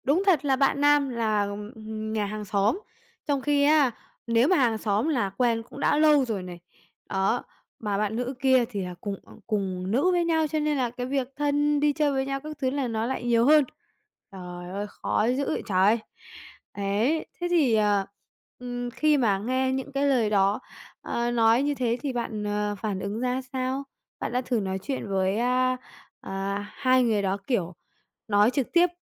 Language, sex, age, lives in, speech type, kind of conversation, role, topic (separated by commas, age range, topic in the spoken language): Vietnamese, female, 45-49, Vietnam, conversation, advice, advisor, Làm gì khi bạn bè chung chia phe sau chia tay khiến bạn khó xử và cảm thấy bị cô lập?